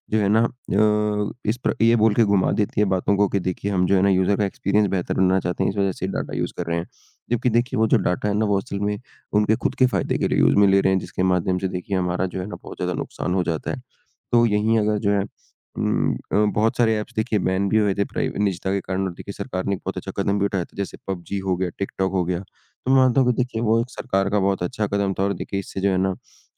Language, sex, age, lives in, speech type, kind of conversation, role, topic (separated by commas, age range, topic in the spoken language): Hindi, male, 20-24, India, conversation, unstructured, none, क्या आपको लगता है कि तकनीक हमारी निजता को खतरे में डाल रही है?